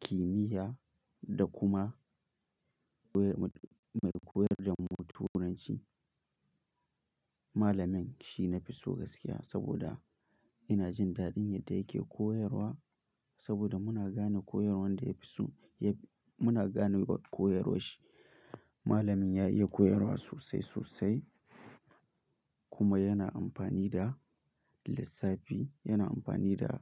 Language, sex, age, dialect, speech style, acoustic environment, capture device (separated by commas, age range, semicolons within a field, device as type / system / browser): Hausa, male, 18-24, Nigeria - Kano, focused, silence; nature, mobile / Linux / Chrome